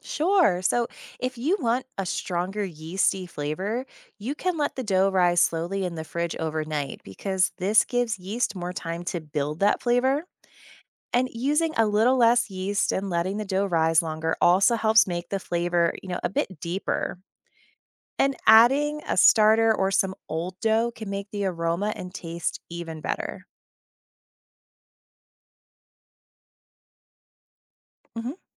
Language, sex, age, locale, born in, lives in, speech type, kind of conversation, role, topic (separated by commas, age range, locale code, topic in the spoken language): English, female, 35-39, en-US, United States, United States, read, expert-assistant, expert, How does yeast make bread rise?